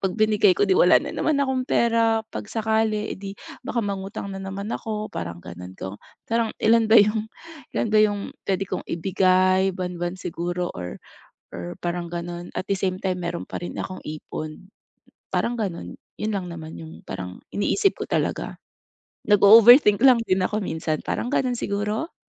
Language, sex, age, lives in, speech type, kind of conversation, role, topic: Filipino, female, 40-44, Philippines, conversation, advice, user, Paano ko mababawasan ang utang at makapag-iipon nang sabay?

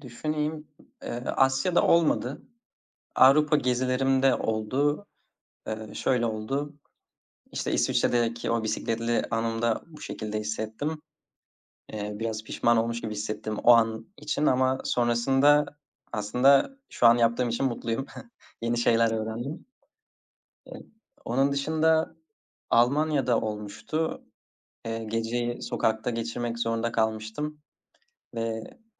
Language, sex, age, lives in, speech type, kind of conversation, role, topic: Turkish, male, 20-24, Netherlands, conversation, podcast, guest, Bir keşif gezisinde unutamadığın bir anıyı anlatır mısın?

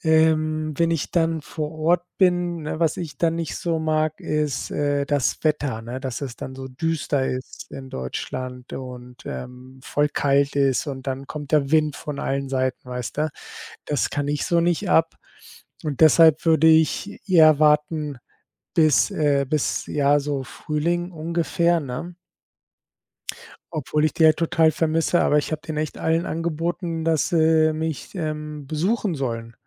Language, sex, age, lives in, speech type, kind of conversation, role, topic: German, male, 40-44, Spain, conversation, advice, user, Wie gehst du mit Heimweh nach Freunden und vertrauten Orten um?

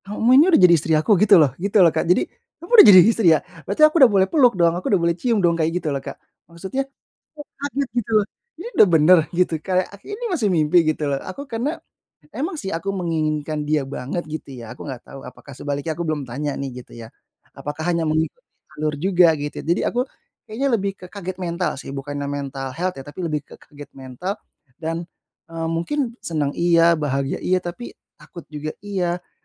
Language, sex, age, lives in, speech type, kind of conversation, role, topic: Indonesian, male, 30-34, Indonesia, conversation, advice, user, Bagaimana Anda memulai hidup bersama setelah menikah dan menyesuaikan peran masing-masing?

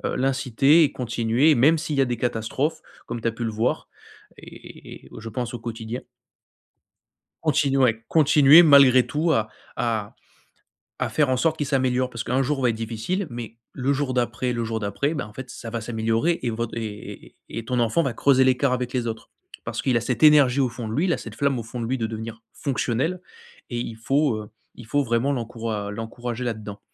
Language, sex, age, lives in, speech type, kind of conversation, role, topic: French, male, 25-29, France, conversation, advice, advisor, Comment établir des limites claires et répartir les responsabilités entre frères et sœurs ?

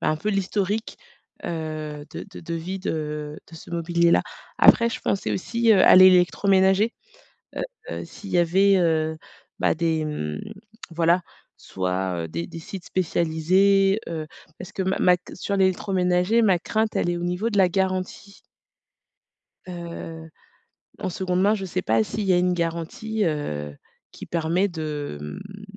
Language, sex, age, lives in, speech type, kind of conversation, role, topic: French, female, 35-39, France, conversation, advice, user, Comment reconnaître si un vêtement ou un produit est de bonne qualité et durable ?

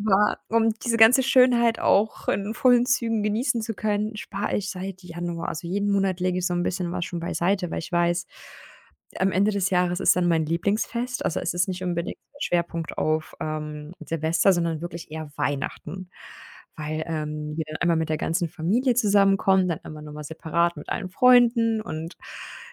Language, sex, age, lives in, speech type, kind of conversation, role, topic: German, female, 30-34, Germany, conversation, advice, user, Wie kann ich damit umgehen, dass mich mehrere Rechnungen und Schuldenzahlungen überfordern?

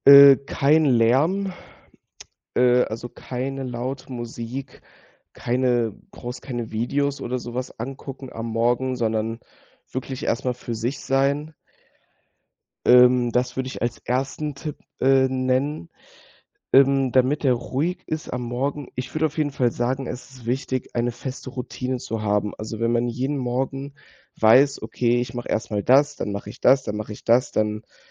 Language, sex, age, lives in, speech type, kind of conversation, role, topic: German, male, 18-19, Germany, conversation, podcast, guest, Wie läuft deine Morgenroutine zu Hause meistens ab?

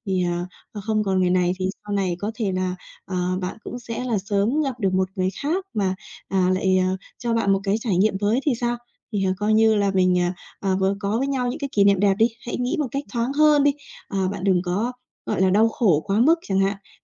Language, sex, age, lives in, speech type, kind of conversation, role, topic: Vietnamese, female, 30-34, Vietnam, conversation, advice, advisor, Bạn bị mất ngủ sau chia tay như thế nào và tình trạng này đã kéo dài bao lâu?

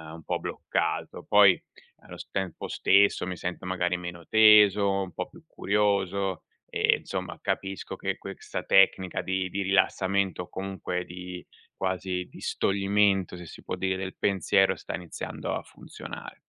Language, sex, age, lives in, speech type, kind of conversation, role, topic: Italian, male, 40-44, Italy, read, expert-assistant, asker, Come la creatività si manifesta nella soluzione dei problemi quotidiani?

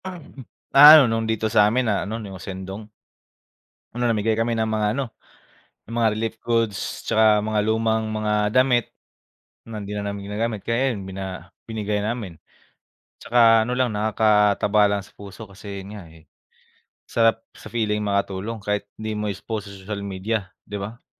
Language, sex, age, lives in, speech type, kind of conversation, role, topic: Filipino, male, 25-29, Philippines, conversation, unstructured, none, Ano ang palagay mo tungkol sa pagtulong sa mga nasalanta ng kalamidad?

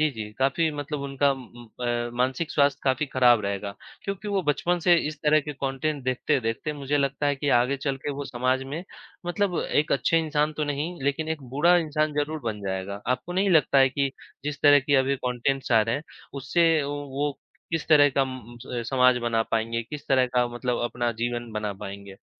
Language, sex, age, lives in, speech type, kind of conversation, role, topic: Hindi, male, 25-29, India, conversation, unstructured, none, क्या सामाजिक मीडिया मानसिक स्वास्थ्य पर असर डालता है?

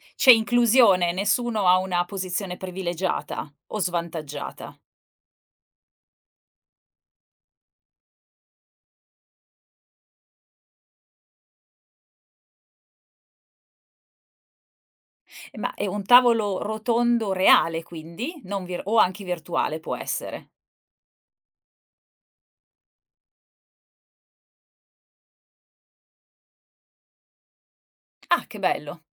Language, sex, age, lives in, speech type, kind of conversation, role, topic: Italian, female, 45-49, Italy, conversation, podcast, host, Dove trovi ispirazione quando sei a corto di idee?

